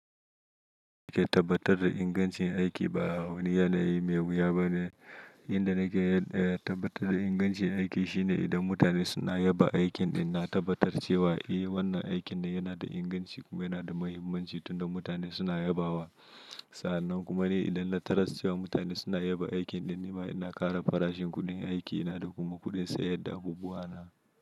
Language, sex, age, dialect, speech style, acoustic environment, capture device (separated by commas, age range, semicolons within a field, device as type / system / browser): Hausa, male, 25-34, Nigeria - Nigerian Standard, relaxed, silence; nature, mobile / Linux / Chrome